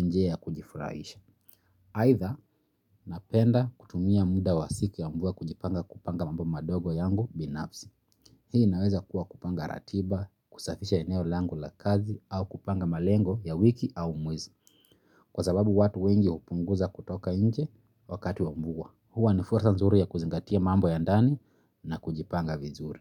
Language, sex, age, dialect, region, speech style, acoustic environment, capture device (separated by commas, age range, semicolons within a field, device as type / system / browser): Swahili, male, 25-34, Kenya - Nairobi Sheng-influenced, Kenya, relaxed, silence, mobile / Linux / Chrome